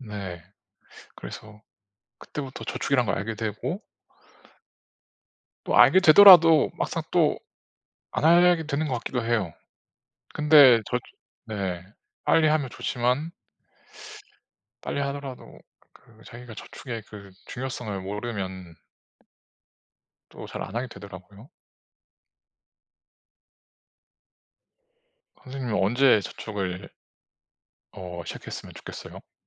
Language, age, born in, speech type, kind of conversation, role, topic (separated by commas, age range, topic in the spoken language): Korean, 30-34, South Korea, conversation, unstructured, none, 저축을 시작하기 가장 좋은 시기는 언제인가요?